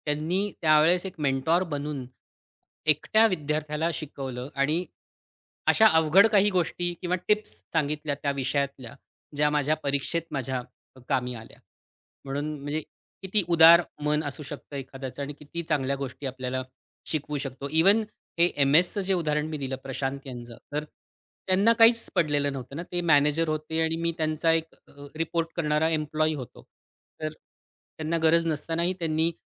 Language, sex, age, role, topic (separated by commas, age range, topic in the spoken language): Marathi, male, 40-44, guest, तुमच्या शैक्षणिक प्रवासात मार्गदर्शकाने कोणता बदल घडवला?